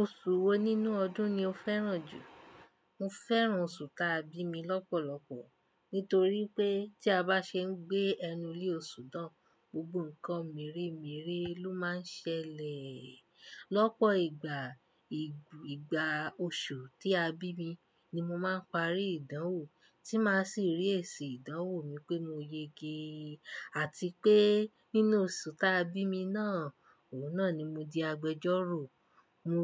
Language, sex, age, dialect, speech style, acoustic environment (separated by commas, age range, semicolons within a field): Yoruba, female, 25-34, Nigeria - Nigerian Standard, happy; relaxed, appliances; silence